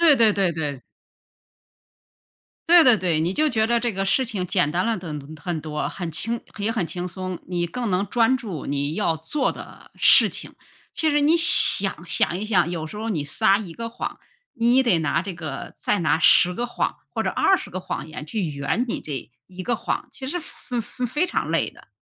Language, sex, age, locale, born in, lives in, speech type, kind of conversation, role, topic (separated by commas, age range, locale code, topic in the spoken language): Chinese, female, 55-59, zh-CN, China, United States, conversation, unstructured, none, 你觉得在什么情况下撒谎是可以接受的？